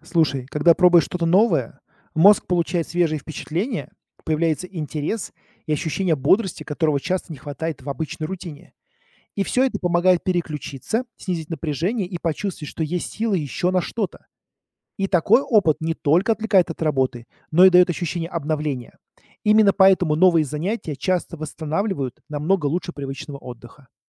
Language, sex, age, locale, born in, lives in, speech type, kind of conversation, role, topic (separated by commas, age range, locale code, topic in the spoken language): Russian, male, 40-44, ru-RU, Russia, United States, read, expert-assistant, expert, Как справляться с хроническим стрессом на работе, чтобы не довести себя до эмоционального выгорания?